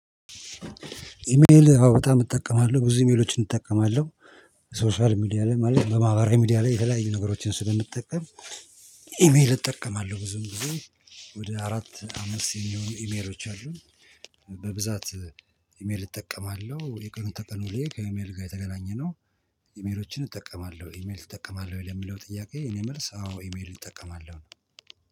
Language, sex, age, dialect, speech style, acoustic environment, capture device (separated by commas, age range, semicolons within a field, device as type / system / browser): Amharic, male, 45-54, Ethiopia - Addis Ababa, stressed, nature, mobile / Linux / Chrome